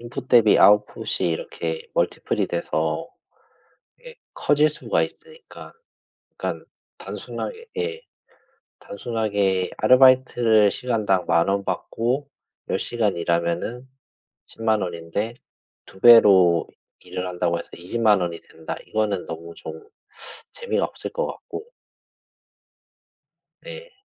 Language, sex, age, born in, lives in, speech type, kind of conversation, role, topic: Korean, male, 40-44, South Korea, Japan, conversation, unstructured, none, 하루 종일 잠을 잘 수 있는 능력과 하루 종일 깨어 있을 수 있는 능력 중 어떤 것이 더 좋을까요?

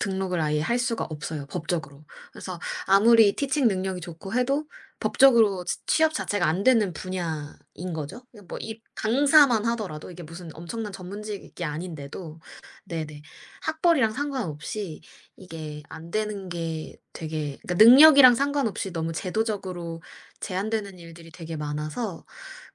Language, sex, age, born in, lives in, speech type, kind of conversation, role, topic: Korean, female, 25-29, South Korea, United States, conversation, podcast, guest, 독학으로 취업하거나 직무 전환에 성공한 사례가 있나요?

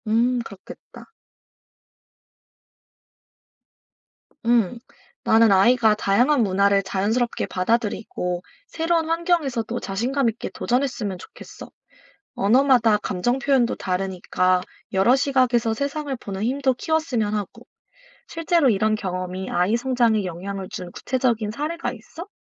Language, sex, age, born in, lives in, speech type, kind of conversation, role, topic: Korean, female, 25-29, South Korea, United States, read, expert-assistant, asker, 어릴 때부터 여러 언어를 배우는 것이 삶에 어떤 긍정적인 변화와 어려움을 줄 수 있을까요?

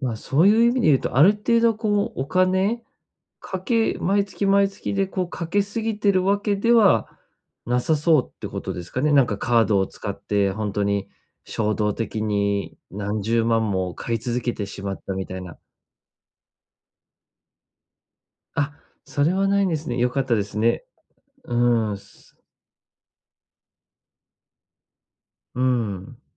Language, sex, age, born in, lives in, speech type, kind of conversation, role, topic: Japanese, male, 30-34, Japan, Japan, conversation, advice, advisor, 衝動買いを抑えるにはどうすればよいですか？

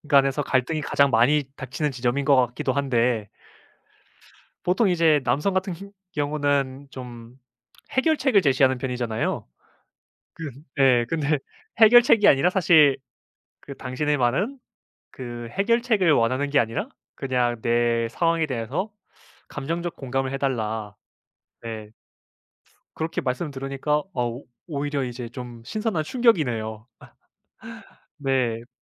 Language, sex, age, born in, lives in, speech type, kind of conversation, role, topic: Korean, male, 25-29, South Korea, Japan, conversation, podcast, host, 중요한 선택을 앞두고 불안을 줄일 수 있는 방법이 있나요?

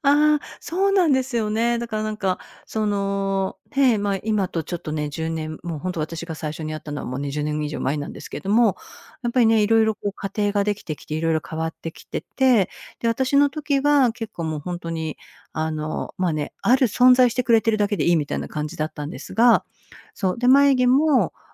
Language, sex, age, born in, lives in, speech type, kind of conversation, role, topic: Japanese, female, 55-59, Japan, United States, conversation, podcast, guest, やりたいことはどのように見つけましたか？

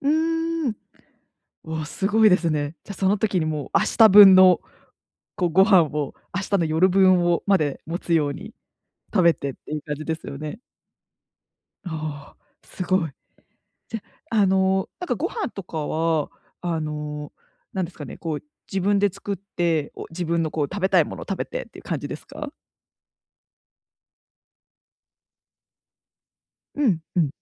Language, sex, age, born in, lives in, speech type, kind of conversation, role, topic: Japanese, female, 25-29, Japan, Japan, conversation, advice, advisor, 毎日の忙しさの中で、休む時間をどう習慣にできますか？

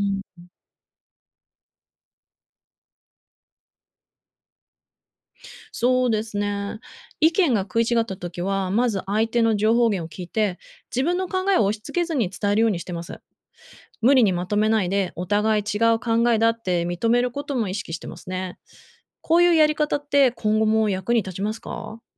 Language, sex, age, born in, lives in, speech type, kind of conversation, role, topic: Japanese, female, 35-39, Japan, United States, read, expert-assistant, asker, もし未来に個人が自分専用のカスタマイズされたニュースやエンタメだけを受け取れるメディアが主流になったら、社会全体への影響はどうなるでしょうか？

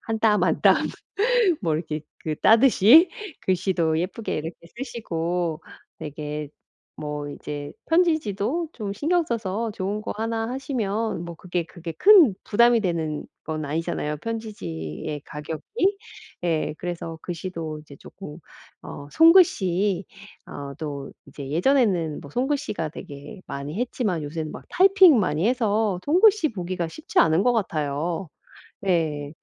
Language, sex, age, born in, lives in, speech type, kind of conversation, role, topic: Korean, female, 45-49, South Korea, United States, conversation, advice, advisor, 선물 고르기가 너무 어려운데 아이디어가 떠오르지 않을 때 어떻게 해야 하나요?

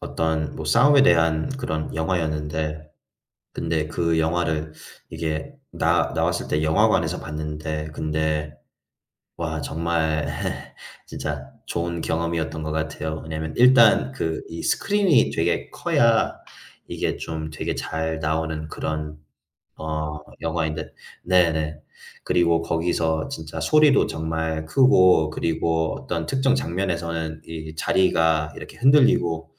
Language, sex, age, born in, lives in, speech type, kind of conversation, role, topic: Korean, male, 25-29, United States, United States, conversation, unstructured, none, 영화관에서 보는 것과 집에서 보는 것 중 어느 쪽이 더 좋으신가요?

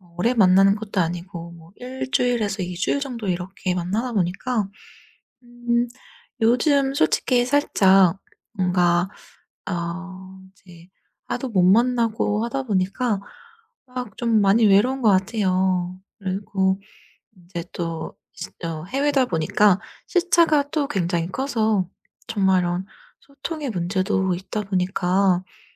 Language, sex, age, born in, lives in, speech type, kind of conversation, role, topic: Korean, female, 30-34, South Korea, United States, conversation, advice, user, 장거리 연애에서 느끼는 외로움과 소통 문제를 어떻게 겪고 계신가요?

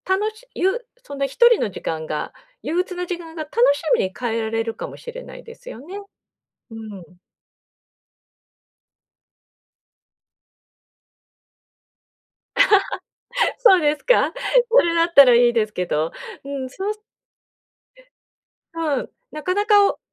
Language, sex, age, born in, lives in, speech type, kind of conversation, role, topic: Japanese, female, 50-54, Japan, Japan, conversation, advice, advisor, 見知らぬ場所で不安な気持ちになったとき、どう対処しますか？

